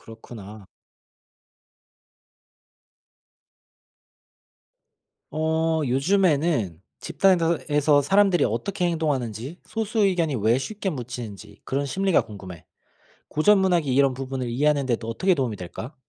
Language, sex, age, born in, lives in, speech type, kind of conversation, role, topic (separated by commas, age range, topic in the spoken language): Korean, male, 30-34, South Korea, Germany, read, expert-assistant, asker, 고전 문학을 현대에 읽는 것의 장점과 단점은 무엇일까요?